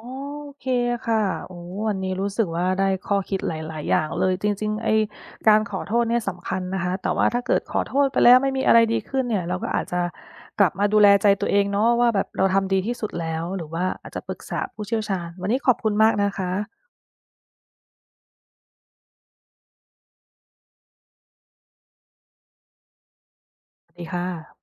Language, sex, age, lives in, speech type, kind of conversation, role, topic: Thai, female, 30-34, United States, read, expert-assistant, asker, การขอโทษเมื่อทำผิดช่วยสร้างความสัมพันธ์ที่ดีขึ้นอย่างไร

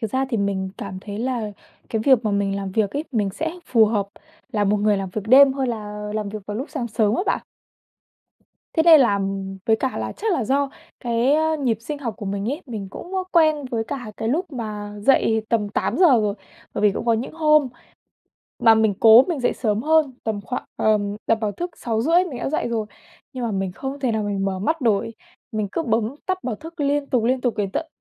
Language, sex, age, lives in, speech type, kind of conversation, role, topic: Vietnamese, female, 20-24, Vietnam, conversation, advice, user, Làm sao để cân bằng công việc và ngủ đủ giấc mỗi ngày?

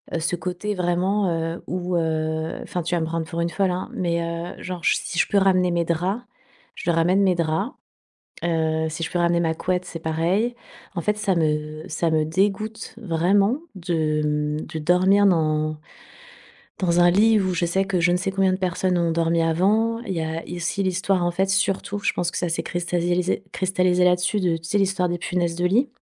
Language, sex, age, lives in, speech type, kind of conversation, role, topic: French, female, 40-44, Spain, conversation, advice, user, Comment décrire l’évitement d’activités dû à l’anxiété et la perte de plaisir ?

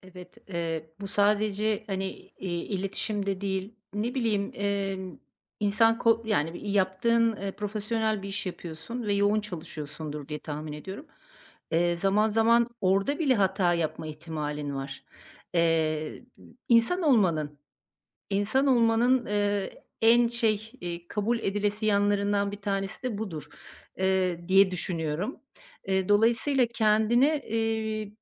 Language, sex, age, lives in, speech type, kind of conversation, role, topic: Turkish, female, 50-54, Portugal, conversation, advice, advisor, Yoğun duygularla başa çıkmak için hangi farkındalık pratikleri yardımcı olur?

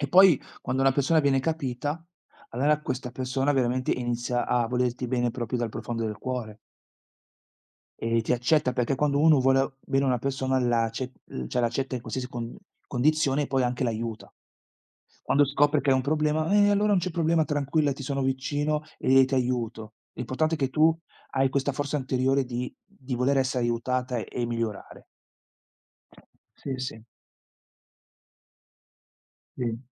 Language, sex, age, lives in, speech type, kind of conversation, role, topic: Italian, male, 40-44, Italy, conversation, unstructured, none, Come si può convincere qualcuno ad accettare un aiuto psicologico?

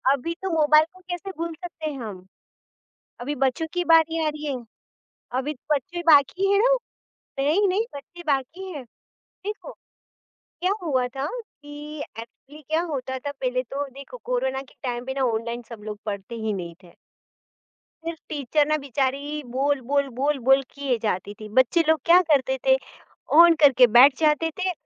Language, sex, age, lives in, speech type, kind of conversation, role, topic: Hindi, female, 40-44, India, conversation, podcast, guest, Technology ke zyada istemaal se family mein kaunse naye tensions aur conflicts paida ho rahe hain?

